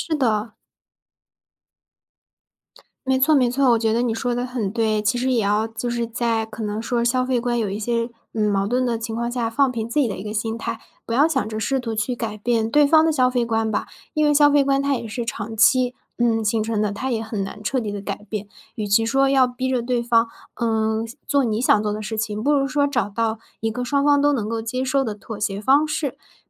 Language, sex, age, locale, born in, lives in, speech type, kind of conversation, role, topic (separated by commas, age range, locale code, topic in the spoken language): Chinese, female, 20-24, zh-CN, China, Germany, conversation, advice, advisor, 我该如何与伴侣沟通彼此的消费观差异并化解冲突？